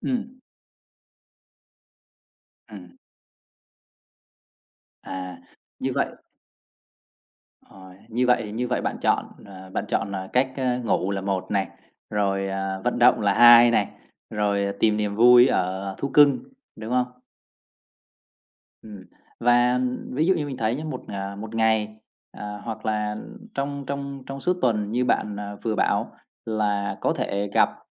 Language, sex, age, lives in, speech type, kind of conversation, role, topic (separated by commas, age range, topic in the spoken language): Vietnamese, male, 30-34, Vietnam, conversation, podcast, host, Bạn thường làm gì để giảm căng thẳng trong ngày?